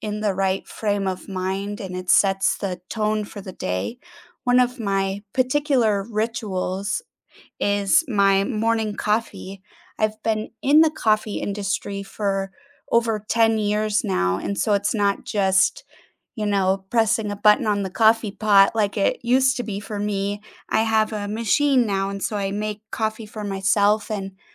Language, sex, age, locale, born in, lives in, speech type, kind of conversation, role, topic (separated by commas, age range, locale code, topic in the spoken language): English, female, 30-34, en-US, United States, United States, conversation, unstructured, none, Which small, everyday habits instantly lift your mood, and how can we support each other in sharing them?